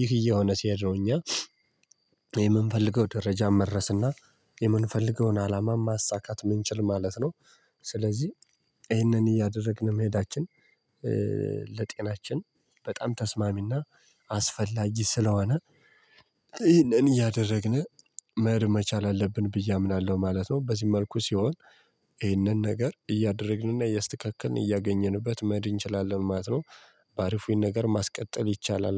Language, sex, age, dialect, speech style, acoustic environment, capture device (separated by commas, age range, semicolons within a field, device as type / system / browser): Amharic, male, 18-24, Ethiopia - Gojjam, tired, nature, mobile / Linux / Chrome